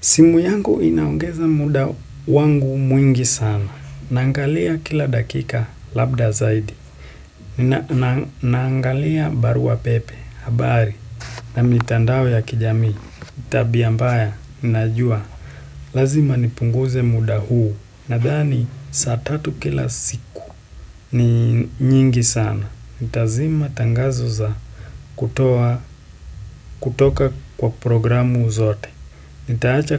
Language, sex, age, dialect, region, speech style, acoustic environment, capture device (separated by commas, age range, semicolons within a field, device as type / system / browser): Swahili, male, 18-24, Kenya - Nairobi Sheng-influenced, Kenya, happy, silence, desktop / Windows / Chrome